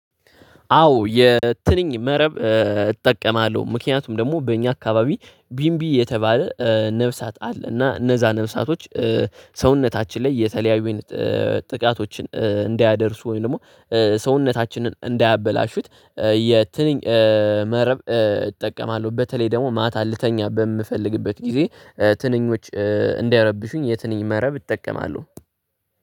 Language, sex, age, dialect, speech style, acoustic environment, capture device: Amharic, male, 18-24, Ethiopia - Addis Ababa, focused; relaxed; tired; excited; anxious; happy, nature, desktop / Linux / Chrome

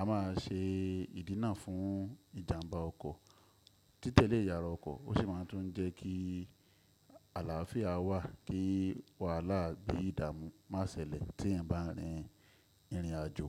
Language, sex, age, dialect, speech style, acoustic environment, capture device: Yoruba, male, 25-34, Nigeria - Ibadan, focused, nature, desktop / Windows / Chrome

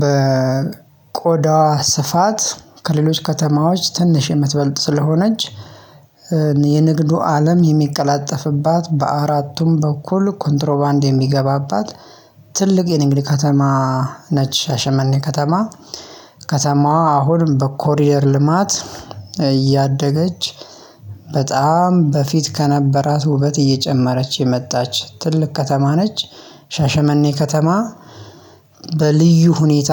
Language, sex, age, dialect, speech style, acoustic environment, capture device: Amharic, male, 25-34, Ethiopia - Addis Ababa, happy, silence, mobile / Linux / Chrome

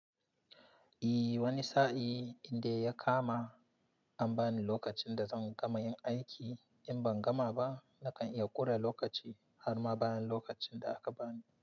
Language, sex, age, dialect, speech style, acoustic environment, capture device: Hausa, male, 25-34, Nigeria - Nigerian Standard, relaxed, silence, mobile / Linux / Chrome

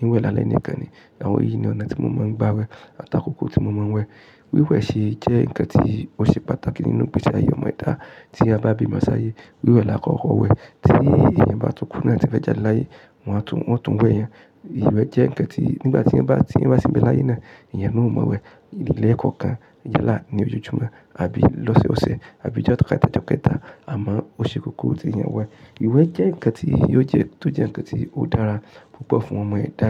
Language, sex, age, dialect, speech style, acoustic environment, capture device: Yoruba, male, 35-44, Nigeria - Ibadan, relaxed, silence, mobile / Linux / Chrome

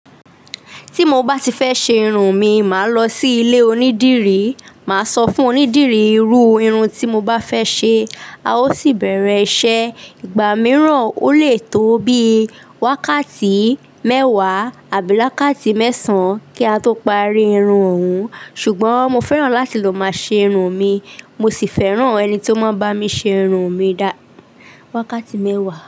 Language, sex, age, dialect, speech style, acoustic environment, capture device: Yoruba, female, 25-34, Nigeria - Lagos Yoruba, relaxed, silence, desktop / Linux / Chrome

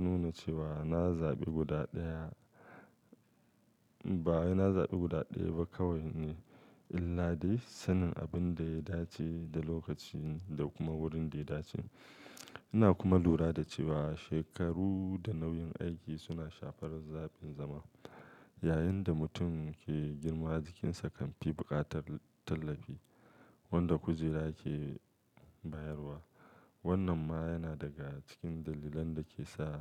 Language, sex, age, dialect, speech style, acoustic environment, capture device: Hausa, male, 35-44, Nigeria - Kano, focused; happy, nature; silence, mobile / Linux / Chrome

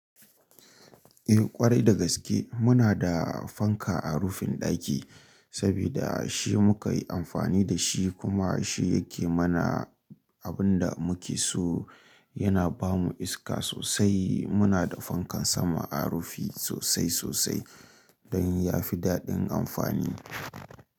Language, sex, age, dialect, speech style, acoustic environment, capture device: Hausa, male, 25-34, Nigeria - Kano, happy, nature, mobile / Linux / Chrome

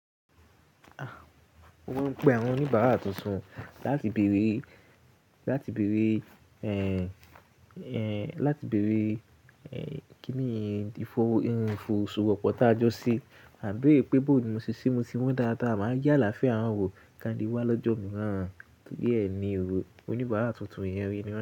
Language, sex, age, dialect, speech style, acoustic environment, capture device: Yoruba, male, 18-24, Nigeria - Ibadan, relaxed; excited, nature; silence, mobile / Linux / Chrome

